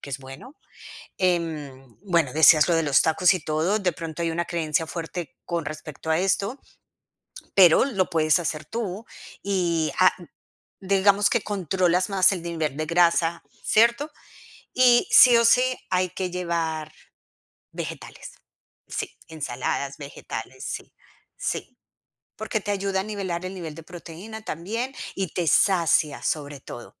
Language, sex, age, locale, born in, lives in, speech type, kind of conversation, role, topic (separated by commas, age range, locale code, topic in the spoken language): Spanish, female, 45-49, es-CO, Colombia, United States, conversation, advice, advisor, ¿Cómo puedo retomar mis rutinas establecidas y volver a ser constante?